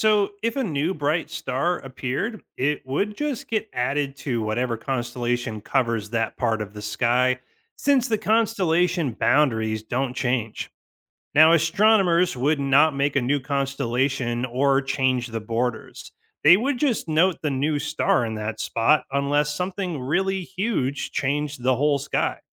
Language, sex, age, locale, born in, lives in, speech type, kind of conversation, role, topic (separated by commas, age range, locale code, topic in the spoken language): English, male, 35-39, en-US, United States, United States, read, expert-assistant, expert, Why do constellations change with the seasons?